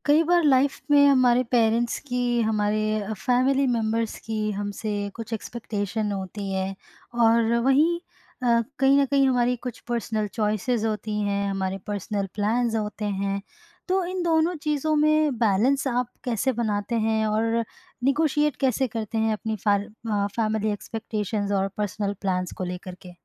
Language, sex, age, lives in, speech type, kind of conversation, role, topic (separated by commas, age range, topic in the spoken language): Hindi, female, 25-29, India, conversation, podcast, host, Family expectations aur personal plans ke beech tum kaise baat-cheet karke beech ka raasta nikaalte ho?